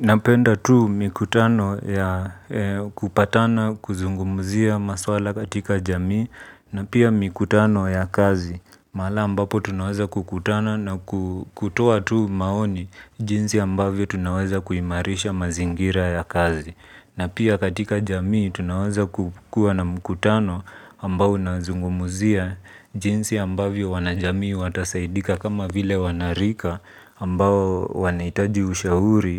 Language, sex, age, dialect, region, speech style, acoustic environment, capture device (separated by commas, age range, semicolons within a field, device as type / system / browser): Swahili, male, 25-34, Kenya - Nairobi Sheng-influenced, Kenya, relaxed; focused, appliances, mobile / Linux / Chrome